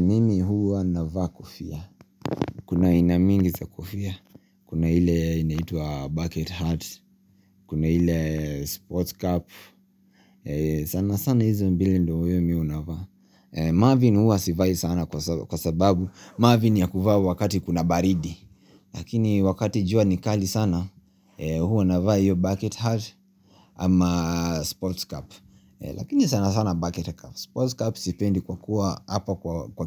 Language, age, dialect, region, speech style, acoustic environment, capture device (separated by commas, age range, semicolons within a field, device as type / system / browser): Swahili, 25-34, Kenya - Nairobi Sheng-influenced, Kenya, relaxed, nature, mobile / Linux / Chrome